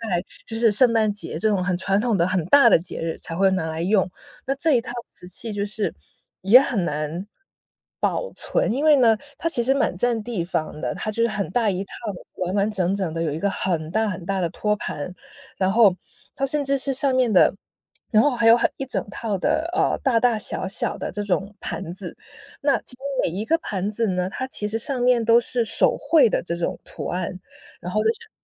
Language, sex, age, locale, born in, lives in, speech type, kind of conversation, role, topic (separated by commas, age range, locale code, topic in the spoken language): Chinese, female, 30-34, zh-CN, China, United States, conversation, podcast, guest, 你家有什么代代相传的物件吗？